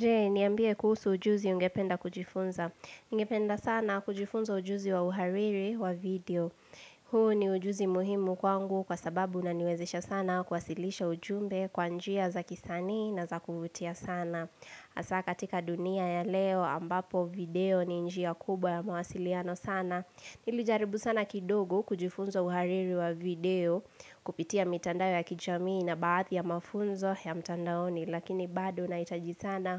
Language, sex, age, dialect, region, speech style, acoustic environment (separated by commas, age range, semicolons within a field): Swahili, female, 18-24, Kenya - Nairobi Sheng-influenced, Kenya, relaxed, silence